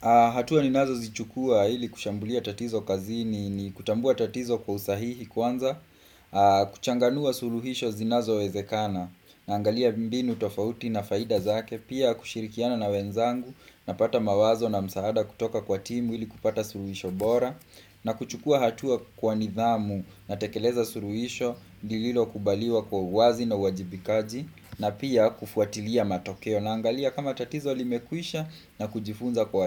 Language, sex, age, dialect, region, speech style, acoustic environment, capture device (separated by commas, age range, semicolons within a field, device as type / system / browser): Swahili, male, 25-34, Kenya - Kiambu, Kenya, relaxed, nature, desktop / Windows / Chrome